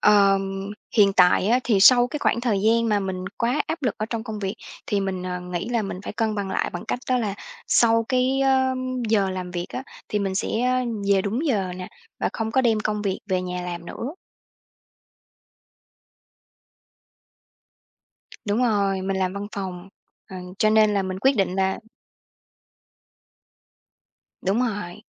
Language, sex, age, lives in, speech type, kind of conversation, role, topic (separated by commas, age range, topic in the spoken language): Vietnamese, female, 30-34, Vietnam, conversation, podcast, guest, Làm sao bạn cân bằng giữa công việc và cuộc sống?